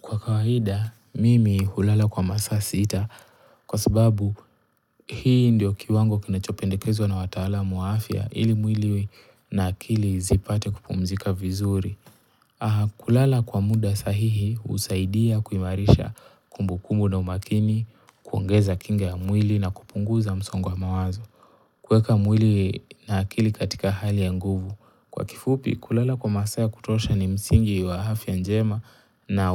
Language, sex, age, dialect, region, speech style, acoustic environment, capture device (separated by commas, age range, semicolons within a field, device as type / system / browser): Swahili, male, 18-24, Kenya - Mombasa, Kenya, relaxed, silence, mobile / Linux / Chrome